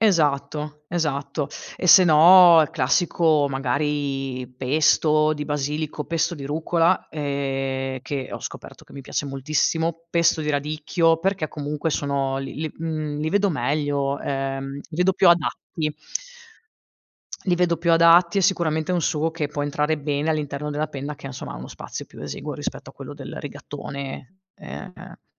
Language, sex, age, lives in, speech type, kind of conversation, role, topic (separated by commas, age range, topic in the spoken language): Italian, female, 35-39, Italy, conversation, podcast, guest, Come insegneresti a qualcuno a cucinare la pasta perfetta?